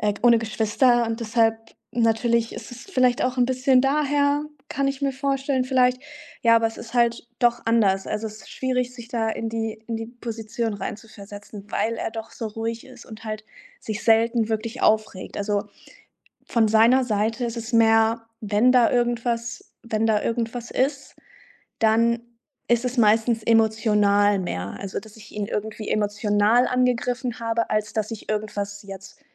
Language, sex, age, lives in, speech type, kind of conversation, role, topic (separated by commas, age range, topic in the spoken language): German, female, 25-29, Germany, conversation, advice, user, Warum eskalieren meine Beziehungskonflikte immer wieder auf die gleiche Weise?